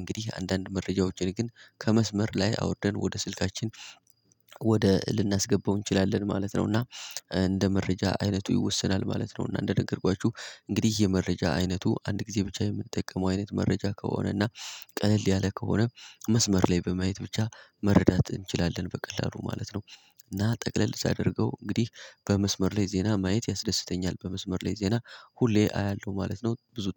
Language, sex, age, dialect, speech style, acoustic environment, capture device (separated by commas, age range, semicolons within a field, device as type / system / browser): Amharic, male, 18-24, Ethiopia - Gojjam, happy, people talking, mobile / Linux / Chrome